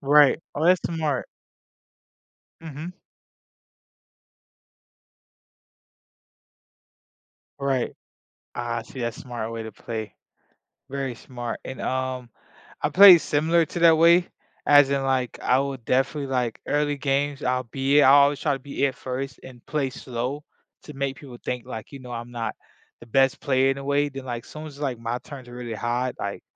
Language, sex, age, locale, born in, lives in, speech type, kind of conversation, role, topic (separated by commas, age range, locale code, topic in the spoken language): English, male, 25-29, en-US, United States, United States, conversation, unstructured, none, How do childhood games influence the way we think and solve problems as adults?